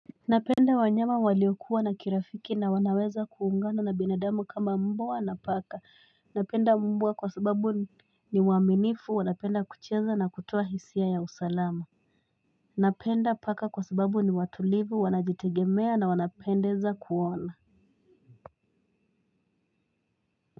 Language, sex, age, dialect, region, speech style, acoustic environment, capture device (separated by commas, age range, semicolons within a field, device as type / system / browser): Swahili, female, 18-24, Kenya - Mombasa, Kenya, happy, silence, mobile / Linux / Chrome